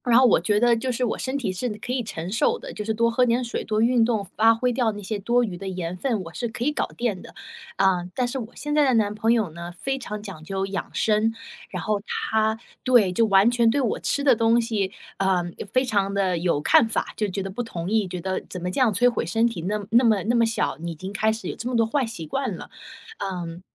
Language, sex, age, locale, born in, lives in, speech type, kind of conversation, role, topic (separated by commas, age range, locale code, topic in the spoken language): Chinese, female, 30-34, zh-CN, China, United States, conversation, advice, user, 我该如何在恋爱关系中设立并维护健康的界限？